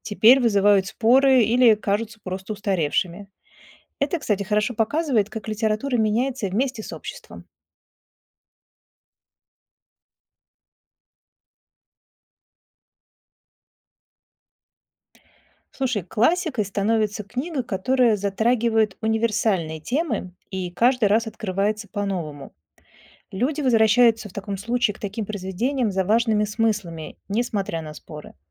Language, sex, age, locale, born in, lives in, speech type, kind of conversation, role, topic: Russian, female, 45-49, ru-RU, Russia, Germany, read, expert-assistant, expert, Почему одни литературные критики считают книгу шедевром, а другие — посредственной?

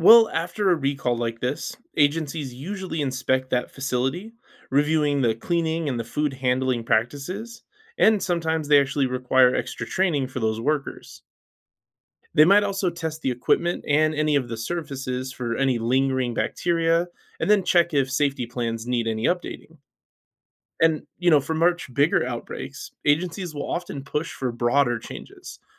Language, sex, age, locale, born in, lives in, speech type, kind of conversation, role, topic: English, male, 35-39, en-US, United States, United States, read, expert-assistant, expert, Why do foodborne illness outbreaks occur despite safety measures?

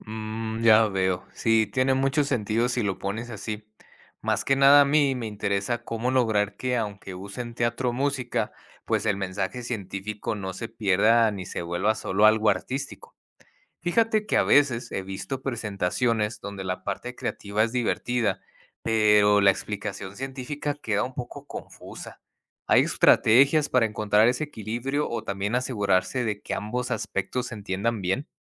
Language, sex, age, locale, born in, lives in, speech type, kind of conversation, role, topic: Spanish, male, 30-34, es-MX, United States, Mexico, read, expert-assistant, asker, ¿Cómo podemos explicar el origen del universo de forma creativa e inclusiva para niños y adultos?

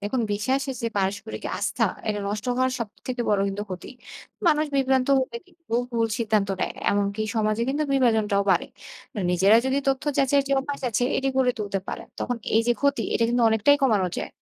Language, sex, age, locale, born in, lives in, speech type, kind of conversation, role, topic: Bengali, female, 25-29, bn-BD, Bangladesh, Bangladesh, read, expert-assistant, expert, ‘ফেক নিউজ’ বা ভুয়া খবর বলতে আসলে কী বোঝায়, আর এগুলো চিনে নিতে আমাদের কী জানা দরকার?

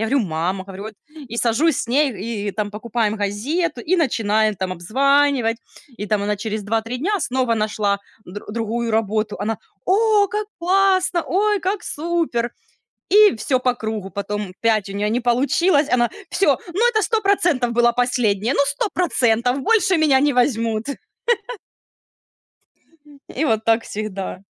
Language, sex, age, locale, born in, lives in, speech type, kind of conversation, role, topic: Russian, female, 30-34, ru-RU, Ukraine, Spain, conversation, unstructured, none, Почему люди так часто жалуются, но ничего не меняют?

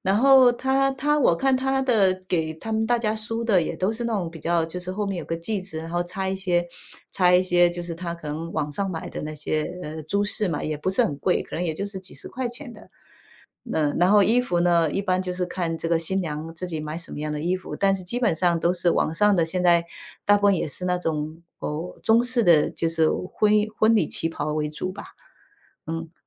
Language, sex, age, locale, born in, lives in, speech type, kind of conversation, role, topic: Chinese, female, 35-39, zh-TW, China, United States, conversation, unstructured, none, 其他文化的服饰有哪些地方让你感到惊讶？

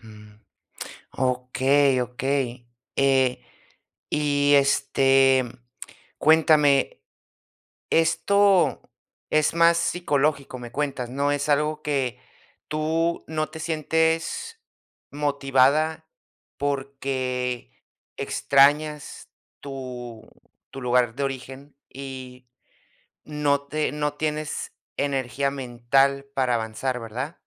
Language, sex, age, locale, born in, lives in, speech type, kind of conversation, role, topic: Spanish, male, 30-34, es-US, United States, United States, conversation, advice, advisor, ¿Estás considerando mudarte a otra ciudad por trabajo, por tu pareja o por ambos motivos?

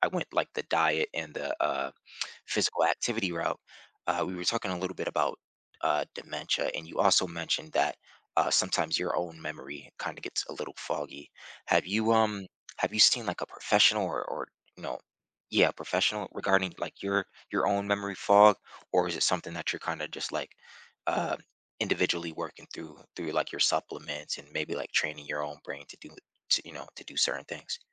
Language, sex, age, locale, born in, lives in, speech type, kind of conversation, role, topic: English, male, 30-34, en-US, United States, United States, conversation, advice, advisor, How can I manage my anxiety about aging and declining health?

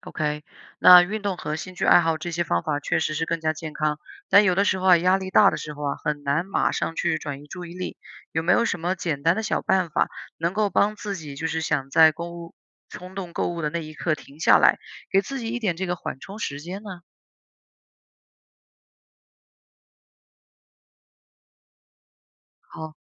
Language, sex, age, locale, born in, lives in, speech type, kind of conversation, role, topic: Chinese, female, 30-34, zh-CN, China, United States, read, expert-assistant, asker, 你有没有发现，为什么有时候明知道买东西不是刚需，还是会忍不住下单？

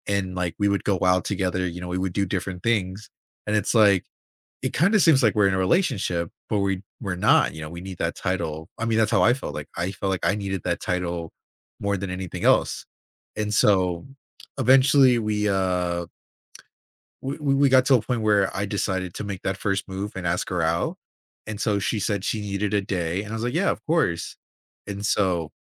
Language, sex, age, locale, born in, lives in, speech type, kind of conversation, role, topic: English, male, 20-24, en-US, United States, United States, conversation, advice, user, How can I recover my confidence and move on after a humiliating social rejection?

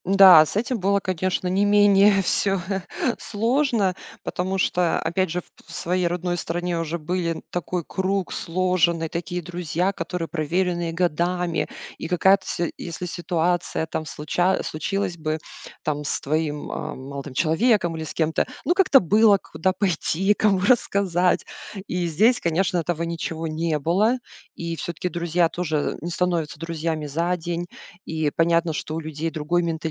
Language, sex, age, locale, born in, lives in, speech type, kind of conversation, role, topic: Russian, female, 40-44, ru-RU, Russia, United States, conversation, podcast, guest, Какой был случай, когда тебе пришлось начинать всё сначала?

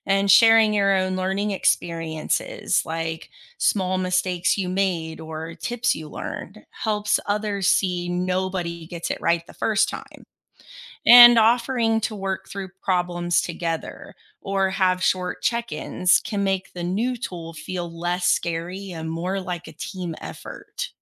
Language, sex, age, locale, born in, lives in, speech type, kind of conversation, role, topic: English, female, 35-39, en-US, United States, United States, read, expert-assistant, expert, What are the most important skills for future careers?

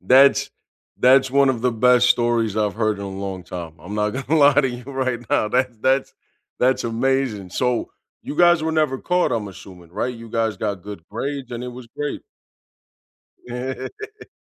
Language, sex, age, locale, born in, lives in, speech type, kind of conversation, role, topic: English, male, 30-34, en-US, United States, United States, conversation, unstructured, none, What is a story from your childhood that you like to tell?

